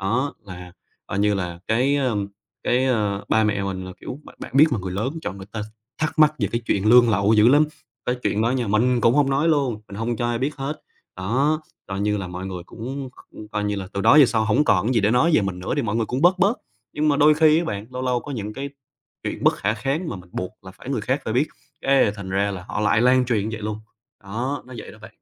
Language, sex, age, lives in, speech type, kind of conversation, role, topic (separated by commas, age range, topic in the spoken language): Vietnamese, male, 25-29, Vietnam, conversation, advice, user, Làm sao để đặt ranh giới với họ hàng can thiệp quá mức?